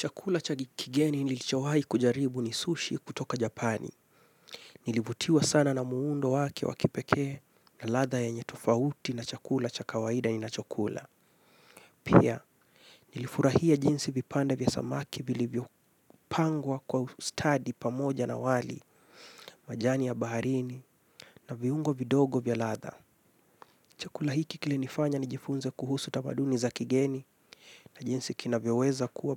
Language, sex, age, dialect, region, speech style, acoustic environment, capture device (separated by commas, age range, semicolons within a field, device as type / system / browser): Swahili, male, 25-34, Kenya - Mombasa, Kenya, relaxed; focused, silence, mobile / Linux / Chrome